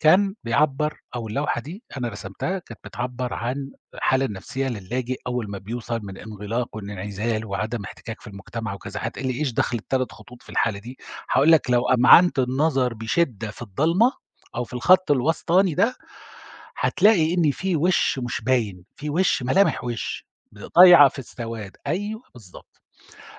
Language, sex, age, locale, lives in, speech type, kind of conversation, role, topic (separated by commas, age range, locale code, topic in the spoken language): Arabic, male, 50-54, ar-SA, Germany, conversation, podcast, guest, إزاي بتدي ملاحظات بطريقة بنّاءة؟